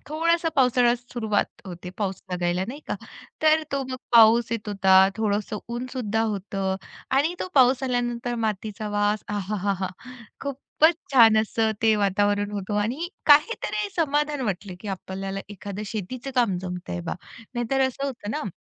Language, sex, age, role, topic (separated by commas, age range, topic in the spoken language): Marathi, female, 35-39, guest, शेतीत मातीशी प्रत्यक्ष काम करताना तुम्हाला काय शिकायला मिळालं?